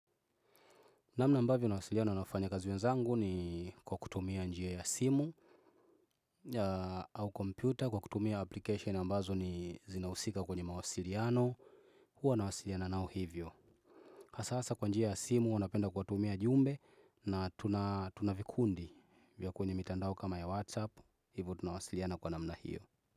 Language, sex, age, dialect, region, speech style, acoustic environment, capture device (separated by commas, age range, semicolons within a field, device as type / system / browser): Swahili, male, 25-34, Tanzania - Dar es Salaam, Tanzania, happy, nature, desktop / Windows / Chrome